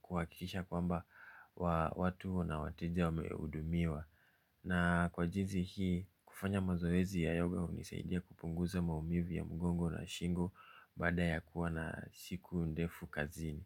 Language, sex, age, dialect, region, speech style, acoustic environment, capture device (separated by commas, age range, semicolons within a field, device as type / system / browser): Swahili, male, 25-34, Kenya - Nairobi Sheng-influenced, Kenya, relaxed, silence, mobile / Linux / Chrome